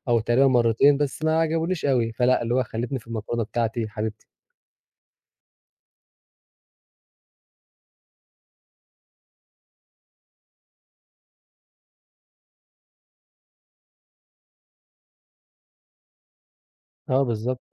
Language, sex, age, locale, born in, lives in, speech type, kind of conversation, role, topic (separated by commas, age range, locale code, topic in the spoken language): Arabic, male, 20-24, ar-EG, Egypt, Egypt, conversation, podcast, guest, إيه أكتر أكلة بتحب/تحبي تطبخها في البيت؟